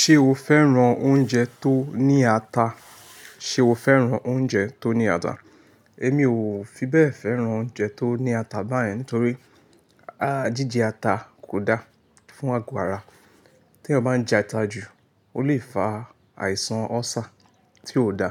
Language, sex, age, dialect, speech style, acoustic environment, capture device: Yoruba, male, 25-34, Nigeria - Lagos Yoruba, focused, nature, mobile / Linux / Chrome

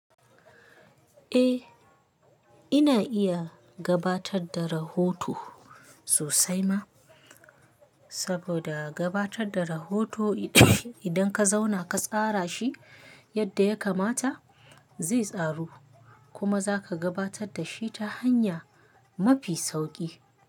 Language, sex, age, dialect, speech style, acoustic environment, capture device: Hausa, female, 25-34, Nigeria - Kano, happy; anxious; relaxed; excited; focused, nature; silence, mobile / Linux / Chrome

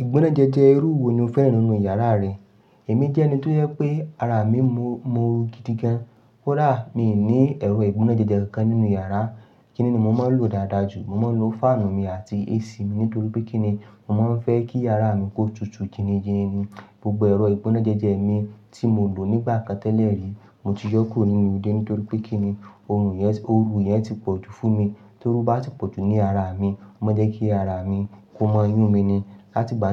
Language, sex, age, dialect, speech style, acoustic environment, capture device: Yoruba, male, 25-34, Nigeria - Ibadan, excited, nature, mobile / Linux / Chrome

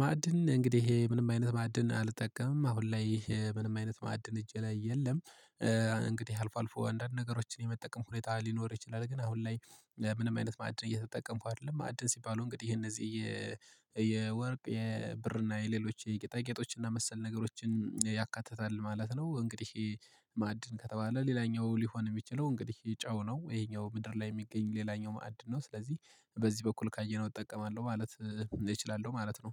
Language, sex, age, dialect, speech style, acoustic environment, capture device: Amharic, male, 25-34, Ethiopia - Gojjam, relaxed, silence, mobile / Linux / Chrome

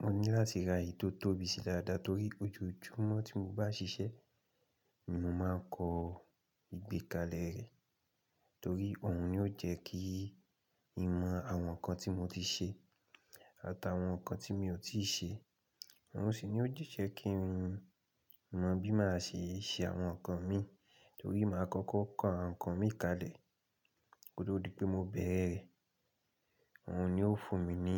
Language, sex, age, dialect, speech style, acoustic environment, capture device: Yoruba, male, 25-34, Nigeria - Ibadan, anxious, silence, mobile / Linux / Chrome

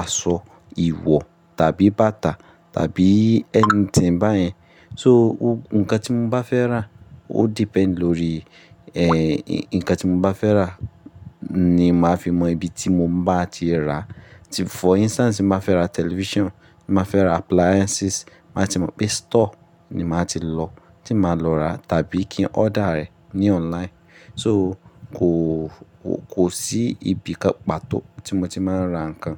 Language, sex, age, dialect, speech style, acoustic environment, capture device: Yoruba, male, 25-34, Nigeria - Ibadan, relaxed, nature; appliances, mobile / Linux / Chrome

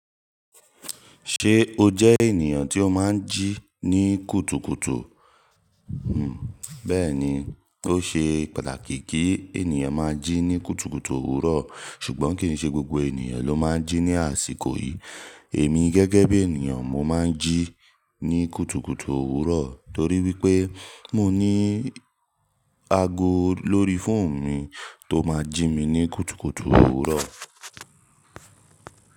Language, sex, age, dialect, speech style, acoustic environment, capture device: Yoruba, male, 18-24, Nigeria - Nigerian Standard, relaxed; focused, nature, mobile / Linux / Chrome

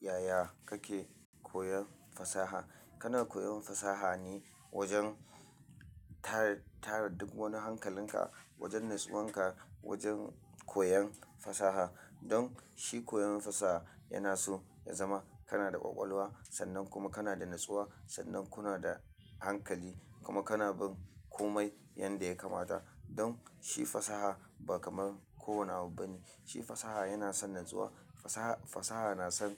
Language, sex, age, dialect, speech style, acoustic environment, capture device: Hausa, male, 25-34, Nigeria - Kano, happy, silence, mobile / Linux / Chrome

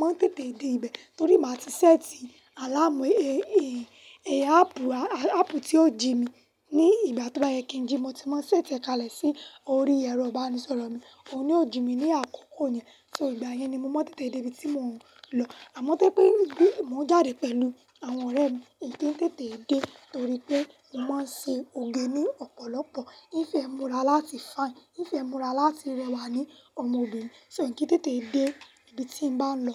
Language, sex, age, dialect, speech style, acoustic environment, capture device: Yoruba, female, 18-24, Nigeria - Lagos Yoruba, happy; nervous, silence, mobile / Linux / Chrome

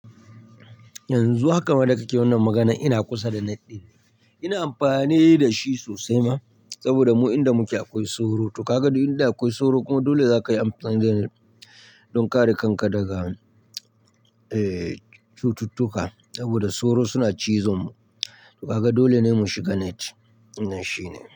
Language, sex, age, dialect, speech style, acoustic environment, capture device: Hausa, male, 25-34, Nigeria - Nigerian Standard, anxious, people talking, mobile / Linux / Chrome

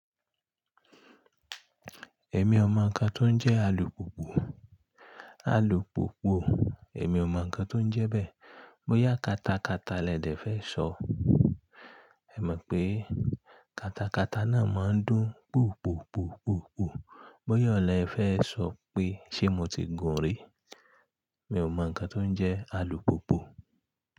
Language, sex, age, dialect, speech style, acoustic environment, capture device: Yoruba, male, 35-44, Nigeria - Ibadan, relaxed, nature; silence, mobile / Linux / Chrome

